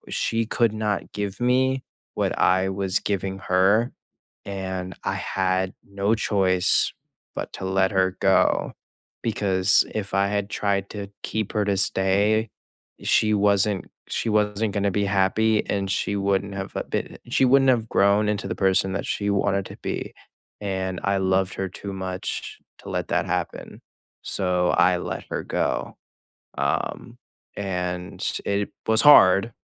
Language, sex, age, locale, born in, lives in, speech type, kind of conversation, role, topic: English, male, 18-19, en-US, United States, United States, conversation, unstructured, none, Would you keep an ex as a friend?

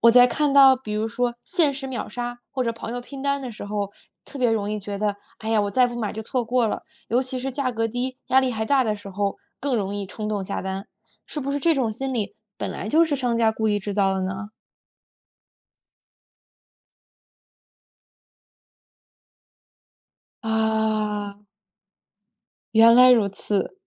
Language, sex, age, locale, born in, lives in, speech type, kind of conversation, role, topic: Chinese, female, 20-24, zh-CN, China, United States, read, expert-assistant, asker, 买东西时，跟着别人买和靠自己分析，哪种方法更容易买到让自己满意的东西？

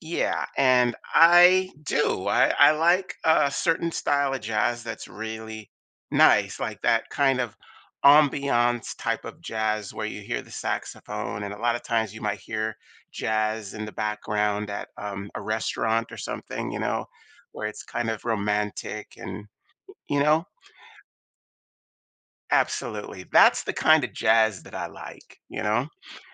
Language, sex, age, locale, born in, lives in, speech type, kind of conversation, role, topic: English, male, 55-59, en-US, United States, United States, conversation, advice, user, How can I handle guilt after disappointing someone?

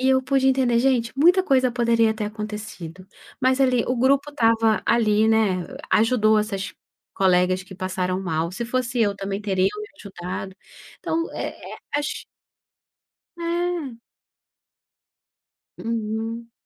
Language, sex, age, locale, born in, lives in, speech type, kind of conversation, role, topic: Portuguese, female, 35-39, pt-BR, Brazil, Portugal, conversation, podcast, guest, Qual foi uma aventura ao ar livre que marcou a sua vida?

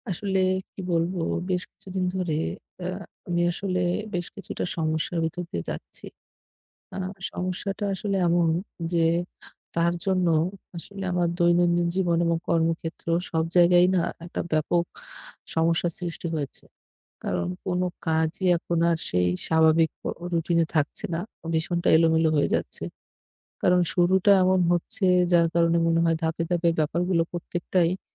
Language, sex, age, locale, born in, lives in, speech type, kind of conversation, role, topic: Bengali, male, 25-29, bn-BD, Bangladesh, Bangladesh, conversation, advice, user, ঘুমের রুটিন এলোমেলো, সকালে উঠতে সমস্যা হচ্ছে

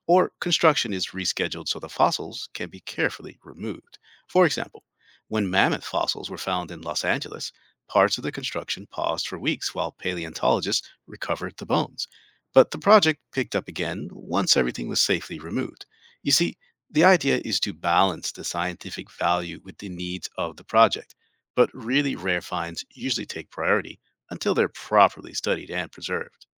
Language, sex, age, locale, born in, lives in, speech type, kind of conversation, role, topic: English, male, 45-49, en-US, United States, United States, read, expert-assistant, expert, How can a city balance new construction with protecting important fossil sites discovered during building projects?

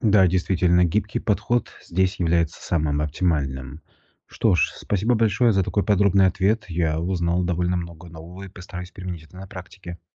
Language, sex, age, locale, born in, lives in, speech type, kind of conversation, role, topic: Russian, male, 35-39, ru-RU, Russia, Italy, read, expert-assistant, asker, Как построить свой день, чтобы найти время для духовных практик и не чувствовать перегрузки?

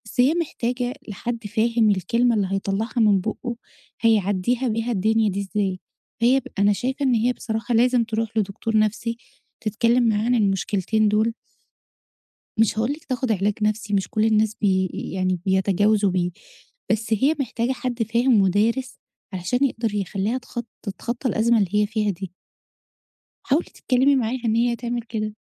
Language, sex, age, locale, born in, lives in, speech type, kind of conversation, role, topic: Arabic, female, 30-34, ar-EG, Egypt, Egypt, conversation, advice, advisor, إزاي أساعد حد بيعدّي بخسارة كبيرة وبيحس بحزن شديد؟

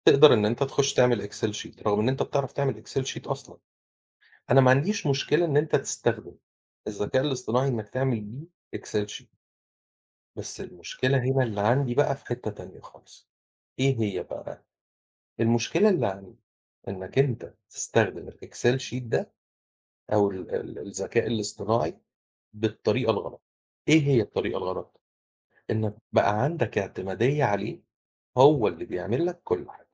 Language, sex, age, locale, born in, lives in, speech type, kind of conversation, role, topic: Arabic, male, 40-44, ar-EG, Egypt, Portugal, conversation, unstructured, none, إيه رأيك في تأثير التكنولوجيا على تواصل الناس مع بعض؟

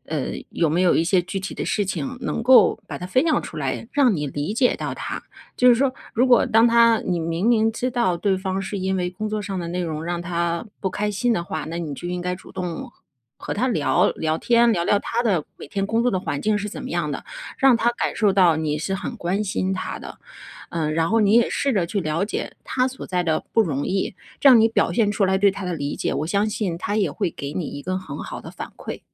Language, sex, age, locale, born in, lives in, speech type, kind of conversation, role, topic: Chinese, female, 40-44, zh-CN, China, United States, conversation, advice, advisor, 伴侣对你性格或习惯的负面评价是如何伤害到你的？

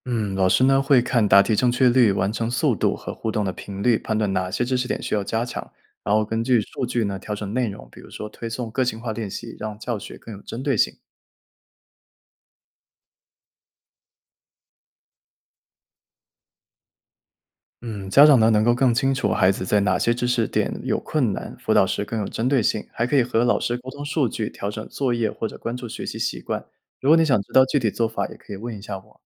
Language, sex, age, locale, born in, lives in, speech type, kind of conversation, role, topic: Chinese, male, 25-29, zh-CN, China, United States, read, expert-assistant, expert, 为什么智能教室能让学生学习更有动力？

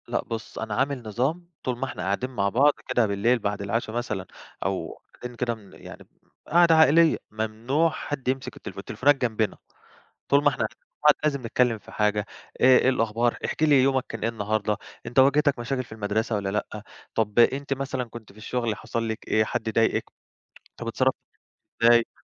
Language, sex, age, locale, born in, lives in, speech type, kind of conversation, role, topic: Arabic, male, 25-29, ar-EG, Egypt, Greece, conversation, podcast, guest, إيه تأثير وسائل التواصل الاجتماعي على العلاقات العائلية؟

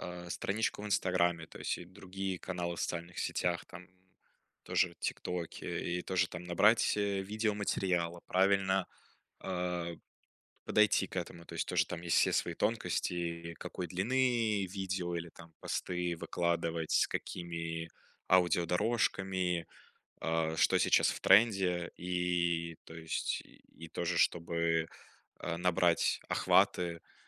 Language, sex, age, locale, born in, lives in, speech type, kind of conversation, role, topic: Russian, male, 20-24, ru-RU, Russia, Germany, conversation, advice, advisor, Как начать проект, если я чувствую сильное внутреннее сопротивление?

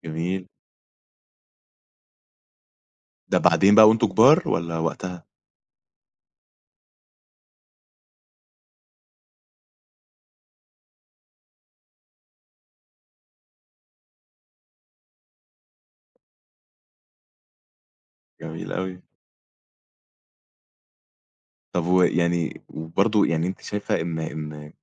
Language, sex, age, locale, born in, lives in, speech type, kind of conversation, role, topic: Arabic, male, 20-24, ar-EG, Egypt, Egypt, conversation, podcast, host, ليه الأكل مع بعض بيقرّب الناس من بعض أكتر في رأيك؟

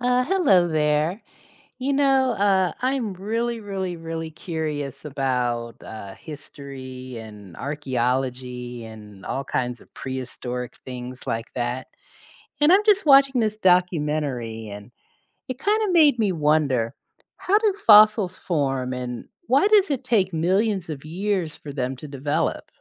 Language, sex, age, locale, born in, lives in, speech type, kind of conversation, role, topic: English, female, 55-59, en-US, United States, United States, read, expert-assistant, asker, How do fossils form over millions of years?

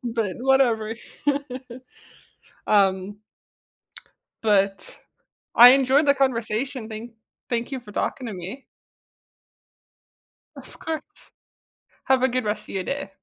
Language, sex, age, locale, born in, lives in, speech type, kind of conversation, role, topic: English, female, 20-24, en-US, United States, United States, conversation, podcast, host, What does it mean to express your true self through your personal style?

